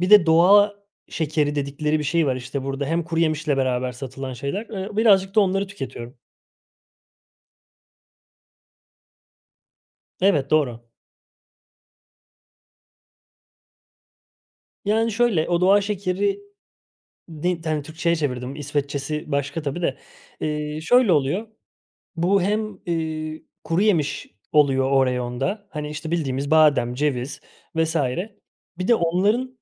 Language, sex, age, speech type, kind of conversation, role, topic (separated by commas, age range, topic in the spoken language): Turkish, male, 30-34, conversation, advice, user, Sağlıksız beslenme ve hareketsizlik alışkanlıklarımı neden kıramıyorum?